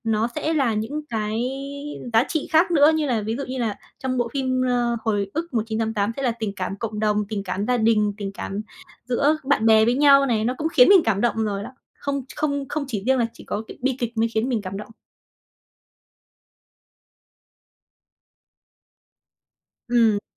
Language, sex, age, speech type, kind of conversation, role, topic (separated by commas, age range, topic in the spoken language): Vietnamese, female, 20-24, conversation, podcast, guest, Tại sao một nhân vật có thể khiến chúng ta đồng cảm đến vậy?